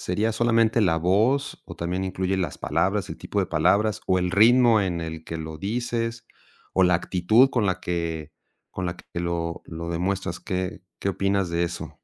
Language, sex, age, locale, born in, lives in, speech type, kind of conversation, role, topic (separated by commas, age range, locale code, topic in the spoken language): Spanish, male, 50-54, es-MX, Mexico, Mexico, conversation, podcast, host, ¿Cómo afecta el tono al comunicarnos?